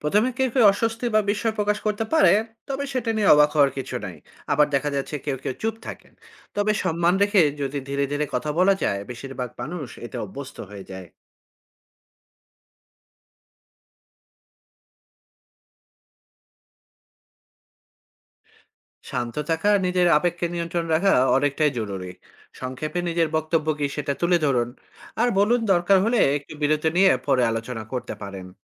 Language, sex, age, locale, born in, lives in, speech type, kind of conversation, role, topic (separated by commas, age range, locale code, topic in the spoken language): Bengali, male, 40-44, bn-BD, Bangladesh, Finland, read, expert-assistant, expert, পরিবার ও বন্ধুত্বে মতবিরোধ মেটানোর উপায়—কেন কিছু সংস্কৃতিতে প্রকাশ্যে আলোচনা উৎসাহিত হয়, আর কোথাও নীরবতা পছন্দ হয়?